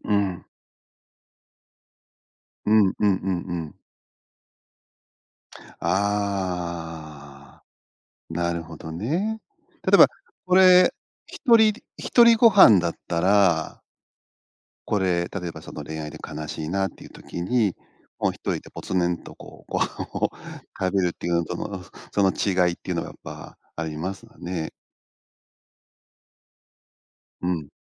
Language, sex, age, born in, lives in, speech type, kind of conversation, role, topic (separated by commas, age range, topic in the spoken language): Japanese, male, 50-54, Japan, Japan, conversation, podcast, host, 一緒にご飯を食べることには、どんな力があるのでしょうか？